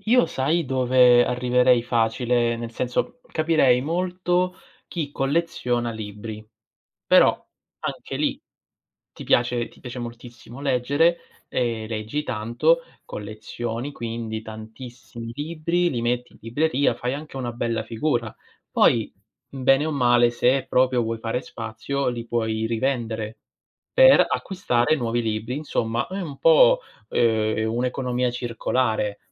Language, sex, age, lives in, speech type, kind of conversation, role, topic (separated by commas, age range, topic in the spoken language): Italian, male, 30-34, Italy, conversation, unstructured, none, Cosa ti fa pensare che il collezionismo sia un passatempo inutile?